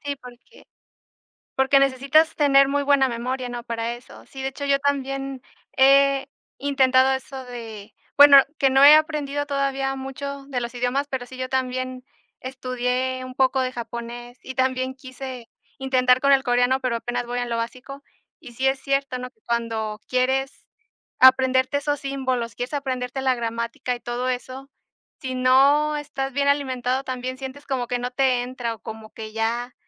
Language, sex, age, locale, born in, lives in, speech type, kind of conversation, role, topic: Spanish, female, 25-29, es-MX, Mexico, Mexico, conversation, unstructured, none, ¿Cuánto crees que afecta una mala alimentación a la salud en el día a día?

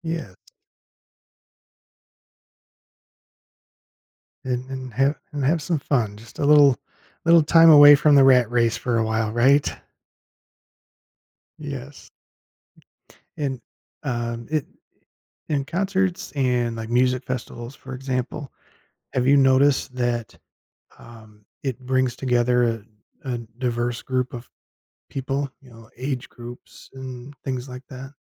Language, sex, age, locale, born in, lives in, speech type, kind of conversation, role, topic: English, male, 50-54, en-US, United States, United States, conversation, podcast, host, In what ways does entertainment help people connect and build relationships?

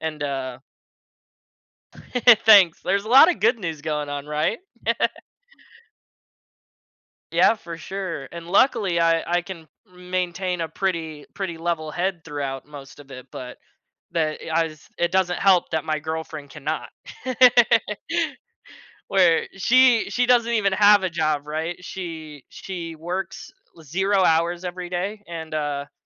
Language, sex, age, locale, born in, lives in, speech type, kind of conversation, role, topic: English, male, 20-24, en-US, United States, United States, conversation, advice, user, How can I manage stress and find balance with my busy schedule?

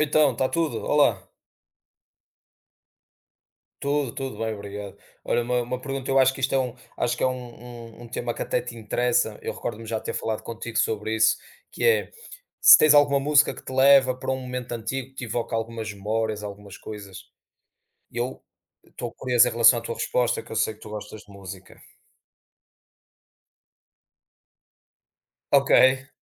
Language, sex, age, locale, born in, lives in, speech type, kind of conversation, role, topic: Portuguese, male, 30-34, pt-PT, Portugal, Portugal, conversation, unstructured, none, Qual música faz você voltar a um momento do passado?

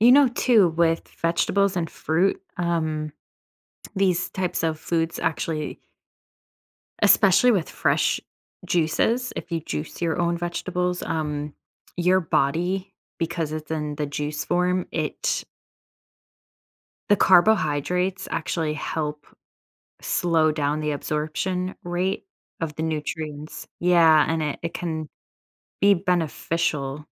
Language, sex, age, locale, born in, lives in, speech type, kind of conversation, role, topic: English, female, 30-34, en-US, United States, United States, conversation, advice, advisor, How can I build lasting confidence after my recent achievement?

